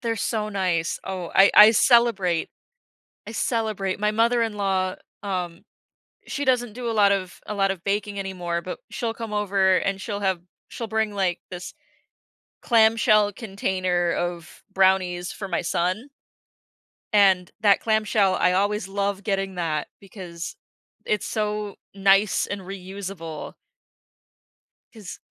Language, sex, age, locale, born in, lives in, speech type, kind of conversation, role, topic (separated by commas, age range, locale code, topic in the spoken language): English, female, 25-29, en-US, United States, United States, conversation, podcast, guest, How can everyday habits make a real difference for the environment?